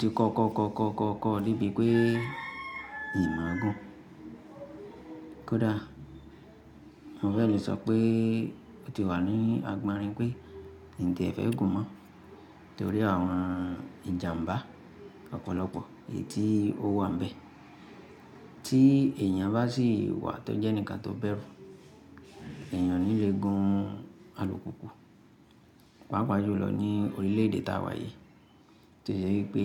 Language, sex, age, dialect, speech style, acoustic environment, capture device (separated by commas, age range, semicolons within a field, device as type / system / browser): Yoruba, female, 25-34, Nigeria - Ibadan, relaxed, silence, mobile / Linux / Chrome